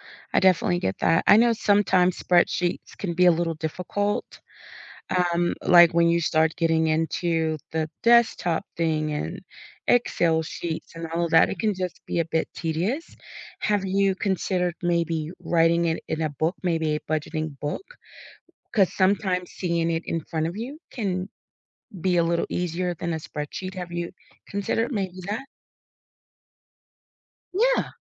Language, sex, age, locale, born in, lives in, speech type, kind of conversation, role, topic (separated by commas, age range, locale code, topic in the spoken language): English, female, 40-44, en-US, United States, United States, conversation, advice, advisor, How can I manage mounting financial problems before they spiral out of control?